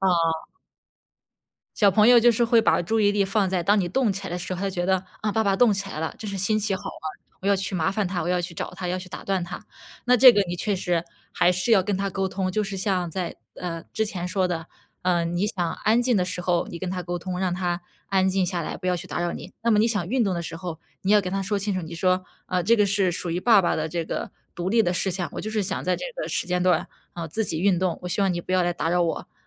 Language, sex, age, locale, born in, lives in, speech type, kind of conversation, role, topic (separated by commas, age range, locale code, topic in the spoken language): Chinese, female, 25-29, zh-CN, China, United States, conversation, advice, advisor, 出差或假期总把你的习惯打乱时，你通常会遇到哪些情况？